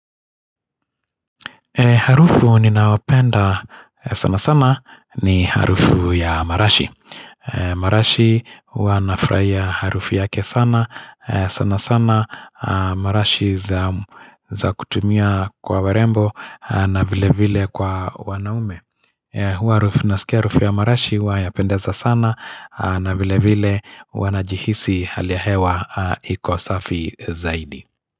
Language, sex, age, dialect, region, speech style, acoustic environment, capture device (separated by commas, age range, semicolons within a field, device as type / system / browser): Swahili, male, 45-54, Kenya - Nairobi Sheng-influenced, Kenya, happy; relaxed, nature; silence, mobile / Linux / Chrome